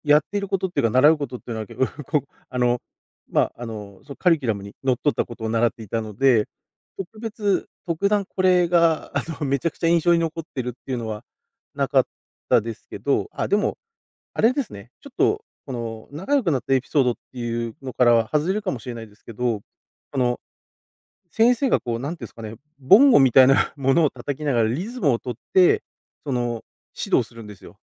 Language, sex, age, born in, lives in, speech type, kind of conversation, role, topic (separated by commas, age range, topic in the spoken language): Japanese, male, 40-44, Japan, Japan, conversation, podcast, guest, 現地の人と仲良くなったエピソードはありますか？